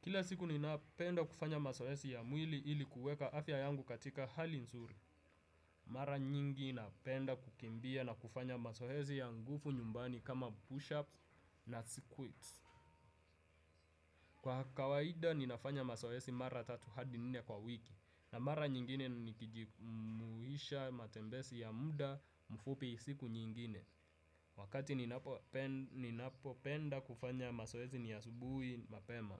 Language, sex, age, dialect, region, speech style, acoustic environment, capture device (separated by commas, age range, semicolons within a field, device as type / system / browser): Swahili, male, 18-24, Kenya - Nairobi Sheng-influenced, Kenya, happy; relaxed; focused; excited, people talking; music; nature; appliances; construction; traffic, desktop / Windows / Chrome